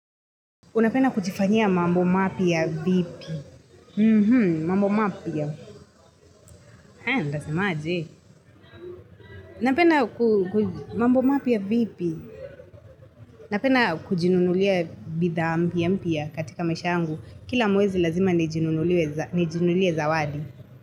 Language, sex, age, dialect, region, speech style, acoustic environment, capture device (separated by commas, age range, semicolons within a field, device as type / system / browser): Swahili, female, 25-34, Kenya - Nairobi Sheng-influenced, Kenya, relaxed, traffic, mobile / Linux / Chrome